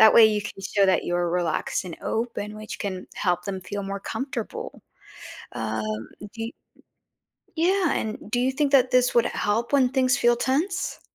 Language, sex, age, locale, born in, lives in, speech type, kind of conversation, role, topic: English, female, 30-34, en-US, United States, United States, read, expert-assistant, expert, What are some ways to keep a conversation going when it stalls?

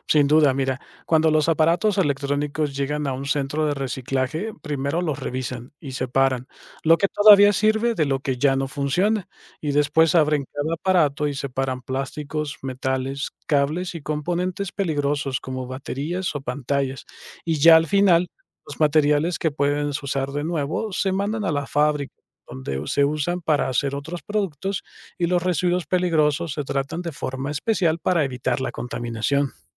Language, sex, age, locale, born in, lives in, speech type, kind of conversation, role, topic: Spanish, male, 35-39, es-MX, Mexico, Mexico, read, expert-assistant, expert, ¿Por qué es importante reciclar los residuos electrónicos?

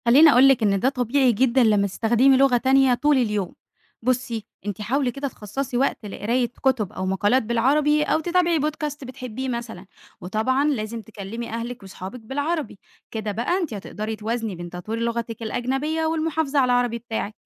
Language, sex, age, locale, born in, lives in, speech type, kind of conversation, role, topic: Arabic, female, 20-24, ar-EG, Egypt, Egypt, read, expert-assistant, expert, إزاي أوازن بين تعلم اللغات التانية وفي نفس الوقت أحافظ على قوة لغتي الأم؟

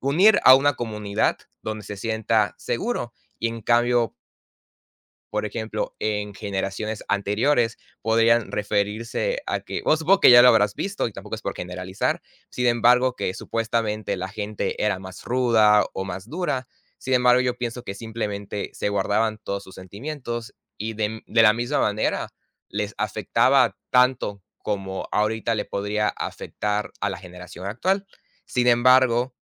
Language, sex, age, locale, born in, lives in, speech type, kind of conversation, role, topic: Spanish, male, 18-19, es-MX, Mexico, Mexico, conversation, unstructured, none, ¿Qué opinas sobre los estereotipos en el deporte?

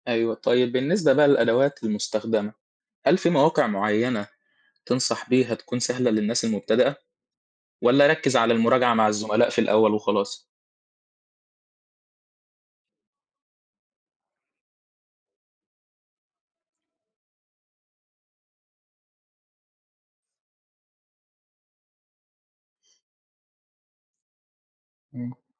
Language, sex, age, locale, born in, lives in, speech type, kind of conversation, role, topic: Arabic, male, 18-19, ar-EG, Egypt, Egypt, read, expert-assistant, asker, إزاي أطور أسلوبي في الكتابة الإبداعية وأخلي عندي صوت مميز يبان في كل اللي بكتبه؟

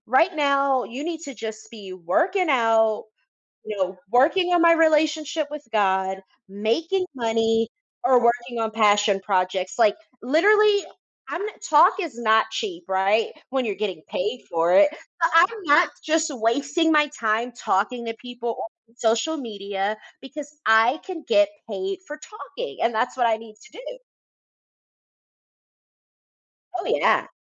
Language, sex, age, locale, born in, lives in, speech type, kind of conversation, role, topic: English, female, 30-34, en-US, United States, United States, conversation, advice, user, How should I celebrate my promotion?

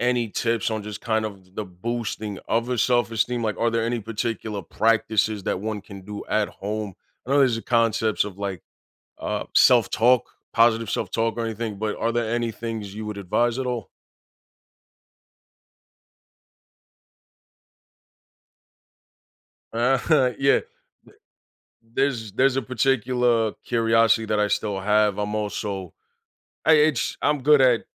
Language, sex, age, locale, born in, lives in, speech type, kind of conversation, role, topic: English, male, 30-34, en-US, United States, United States, conversation, advice, user, How can I respond confidently to an unexpected compliment?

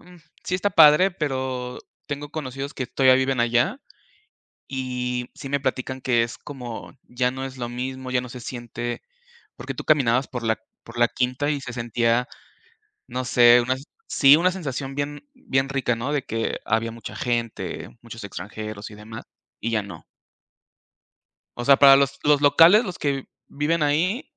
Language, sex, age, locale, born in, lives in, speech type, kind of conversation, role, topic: Spanish, male, 35-39, es-MX, Mexico, Mexico, conversation, unstructured, none, ¿Cómo pasas tiempo con tus amigos?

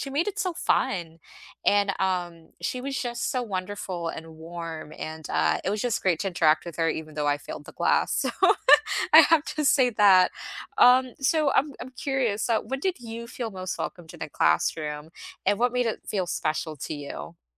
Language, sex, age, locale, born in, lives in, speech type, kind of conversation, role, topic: English, female, 25-29, en-US, United States, United States, conversation, unstructured, none, What makes a classroom feel welcoming and inclusive to you, and how can we create that together?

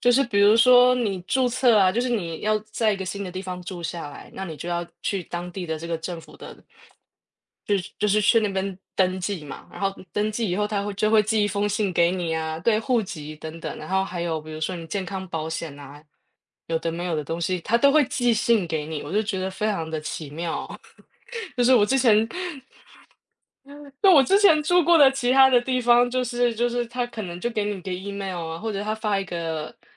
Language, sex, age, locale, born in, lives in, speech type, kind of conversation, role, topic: Chinese, female, 35-39, zh-TW, Taiwan, Germany, conversation, unstructured, none, 你的梦想旅行目的地是哪里？为什么想去那里？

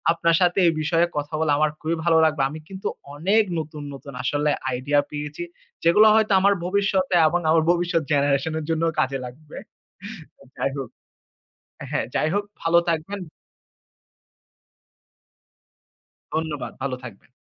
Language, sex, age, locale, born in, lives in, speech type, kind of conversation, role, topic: Bengali, male, 25-29, bn-BD, Bangladesh, Finland, conversation, unstructured, none, আর্থিক স্বাধীনতা অর্জনের জন্য প্রথম পদক্ষেপ কী হতে পারে?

